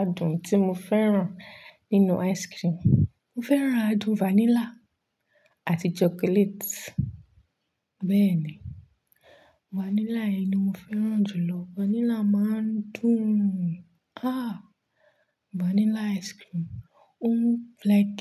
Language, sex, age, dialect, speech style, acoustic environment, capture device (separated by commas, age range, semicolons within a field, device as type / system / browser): Yoruba, female, 25-34, Nigeria - Nigerian Standard, relaxed, nature, mobile / Linux / Chrome